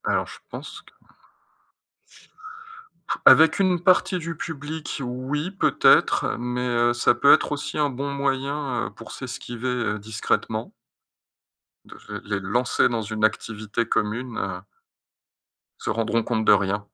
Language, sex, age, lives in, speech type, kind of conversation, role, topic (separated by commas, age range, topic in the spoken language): French, male, 35-39, France, conversation, advice, user, Comment puis-je me rapprocher des autres pendant les fêtes quand je me sens déconnecté ?